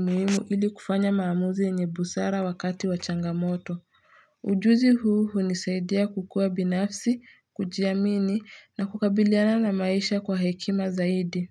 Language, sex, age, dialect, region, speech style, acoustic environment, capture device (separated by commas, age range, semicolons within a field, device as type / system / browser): Swahili, female, 18-24, Kenya - Nairobi Sheng-influenced, Kenya, relaxed, silence, mobile / Linux / Chrome